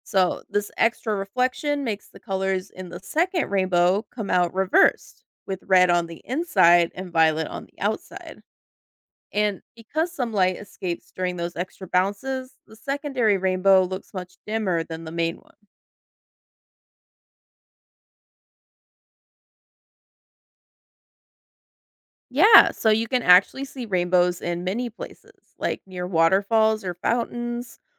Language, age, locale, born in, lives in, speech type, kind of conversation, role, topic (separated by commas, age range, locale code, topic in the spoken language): English, 30-34, en-US, United States, United States, read, expert-assistant, expert, How does a rainbow form?